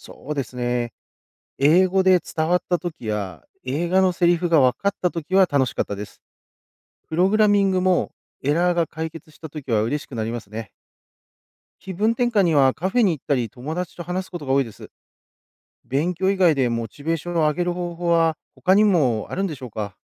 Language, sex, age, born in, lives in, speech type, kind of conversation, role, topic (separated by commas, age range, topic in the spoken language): Japanese, male, 40-44, Japan, Japan, read, expert-assistant, asker, 大人になってからの学び直しに、どんな方法や工夫が効果的だと思いますか？